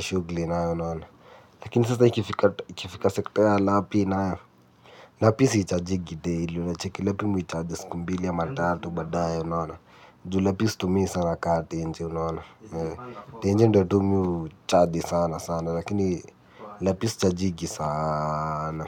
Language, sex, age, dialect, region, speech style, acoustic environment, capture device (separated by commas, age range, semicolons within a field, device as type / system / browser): Swahili, male, 18-24, Kenya - Nairobi Sheng-influenced, Kenya, happy, nature, mobile / Linux / Chrome